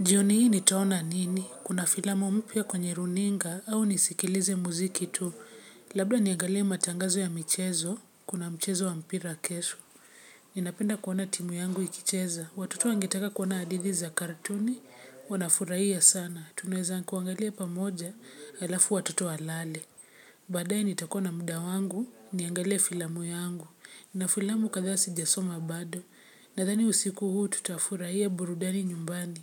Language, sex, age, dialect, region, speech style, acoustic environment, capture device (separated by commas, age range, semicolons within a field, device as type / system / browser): Swahili, female, 25-34, Kenya - Nairobi Sheng-influenced, Kenya, relaxed, nature, mobile / Linux / Chrome